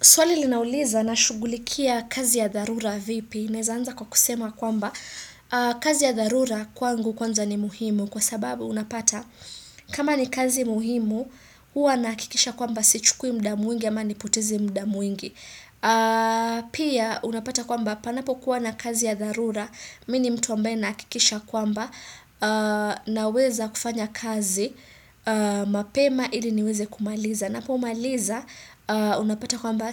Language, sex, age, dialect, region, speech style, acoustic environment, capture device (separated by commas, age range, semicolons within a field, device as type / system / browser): Swahili, female, 25-34, Kenya - Nairobi Sheng-influenced, Kenya, happy; excited; focused, silence, desktop / Windows / Chrome